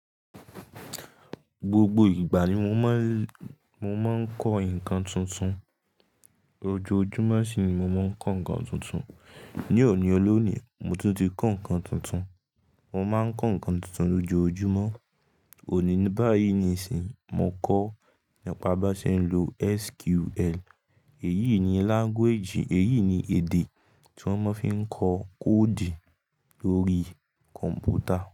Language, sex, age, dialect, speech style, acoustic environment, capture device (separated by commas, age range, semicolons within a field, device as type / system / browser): Yoruba, male, 18-24, Nigeria - Ibadan, relaxed; focused, silence, mobile / Linux / Chrome